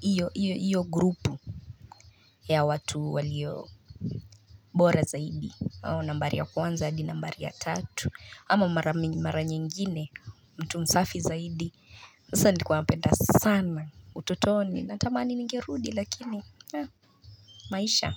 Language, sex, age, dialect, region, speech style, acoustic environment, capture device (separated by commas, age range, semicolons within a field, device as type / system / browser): Swahili, female, 25-34, Kenya - Nairobi Sheng-influenced, Kenya, relaxed, nature; people talking, mobile / Linux / Chrome